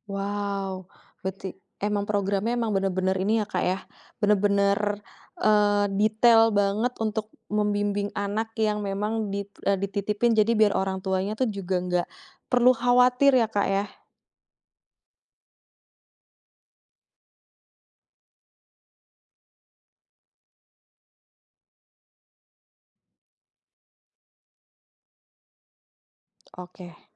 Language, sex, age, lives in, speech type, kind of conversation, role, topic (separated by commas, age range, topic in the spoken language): Indonesian, female, 30-34, Indonesia, conversation, podcast, host, Bagaimana cara memilih antara karier dan keluarga menurutmu?